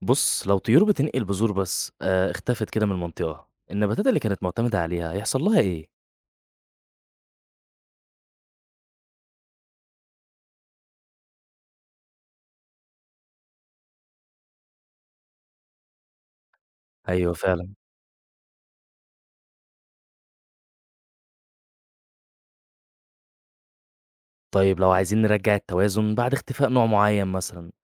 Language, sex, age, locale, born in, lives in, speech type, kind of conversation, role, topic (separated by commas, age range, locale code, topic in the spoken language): Arabic, male, 20-24, ar-EG, Egypt, Egypt, read, expert-assistant, asker, ممكن تديني مثال واقعي من البيئة المصرية على تأثير الإنسان على النظام البيئي؟